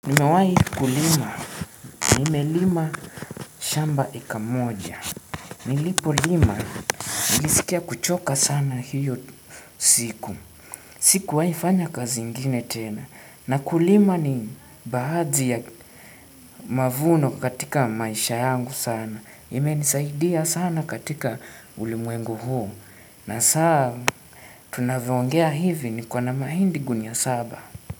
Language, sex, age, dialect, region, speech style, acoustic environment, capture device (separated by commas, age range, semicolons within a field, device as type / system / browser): Swahili, male, 18-24, Kenya - Nairobi Sheng-influenced, Kenya, tired, silence, desktop / Windows / Chrome